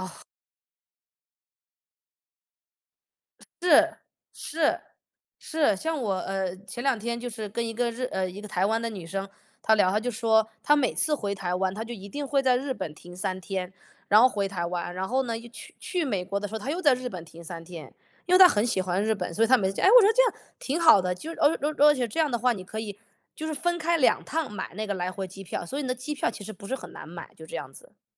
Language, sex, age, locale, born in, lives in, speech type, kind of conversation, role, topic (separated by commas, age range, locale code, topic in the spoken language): Chinese, male, 35-39, zh-CN, United States, United States, conversation, unstructured, none, 你在旅行中遇到过最有趣的事情是什么？